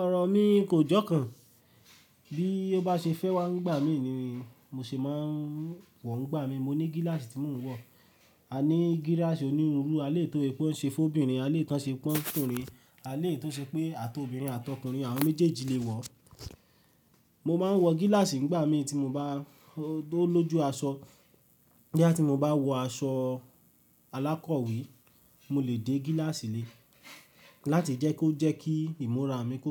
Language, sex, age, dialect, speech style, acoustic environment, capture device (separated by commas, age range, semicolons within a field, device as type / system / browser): Yoruba, male, 18-24, Nigeria - Ibadan, happy, silence, mobile / Linux / Chrome